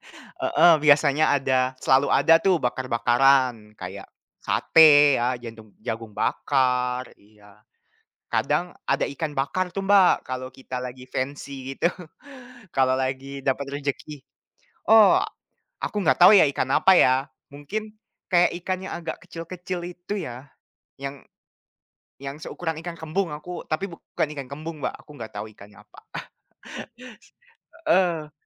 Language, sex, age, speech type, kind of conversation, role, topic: Indonesian, male, 20-24, conversation, unstructured, none, Masakan apa yang paling cocok disajikan untuk merayakan tahun baru?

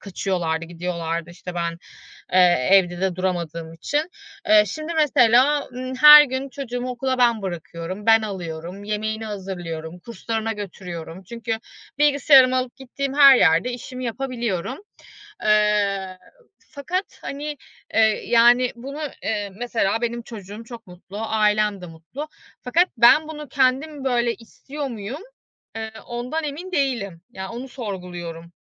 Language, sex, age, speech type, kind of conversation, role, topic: Turkish, female, 35-39, conversation, advice, user, İş kaybından sonra yeni bir kariyer ararken kimliğimi nasıl yeniden tanımlayabilirim?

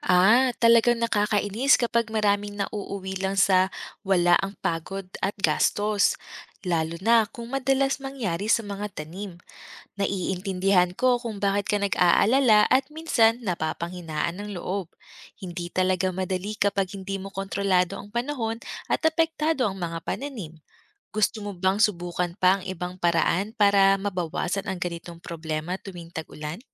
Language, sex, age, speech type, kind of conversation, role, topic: Filipino, female, 30-34, read, expert-assistant, expert, Paano maging epektibo at matipid sa pagsasaka gamit ang limitadong oras at yaman?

